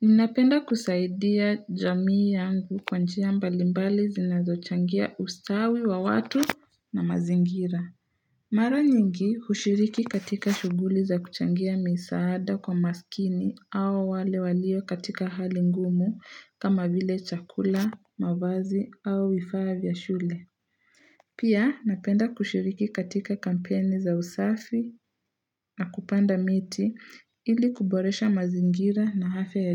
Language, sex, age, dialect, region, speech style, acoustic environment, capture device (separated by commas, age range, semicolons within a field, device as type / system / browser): Swahili, female, 18-24, Kenya - Nairobi Sheng-influenced, Kenya, relaxed, nature, mobile / Linux / Chrome